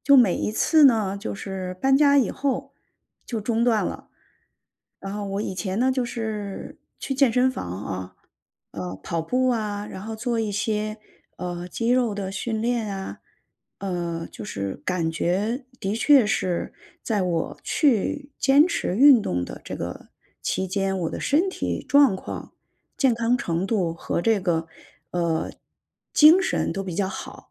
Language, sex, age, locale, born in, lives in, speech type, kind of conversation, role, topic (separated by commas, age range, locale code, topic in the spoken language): Chinese, female, 45-49, zh-CN, China, United States, conversation, advice, user, 如何克服练习时的拖延和倦怠并保持动力？